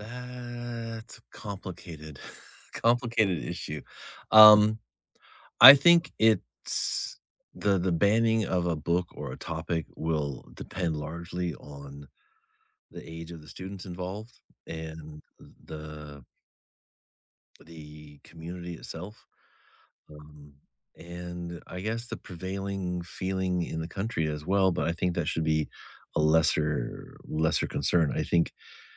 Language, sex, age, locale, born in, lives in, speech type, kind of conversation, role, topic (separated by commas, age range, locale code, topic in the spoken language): English, male, 50-54, en-US, United States, United States, conversation, unstructured, none, What is your opinion on schools banning certain books or topics?